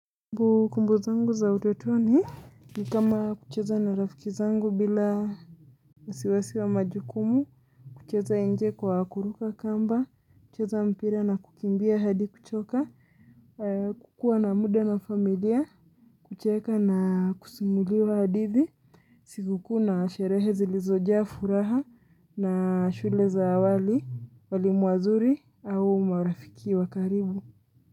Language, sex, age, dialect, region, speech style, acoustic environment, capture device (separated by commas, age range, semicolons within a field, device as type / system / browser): Swahili, female, 25-34, Kenya - Mombasa, Kenya, excited, silence; nature, mobile / Linux / Chrome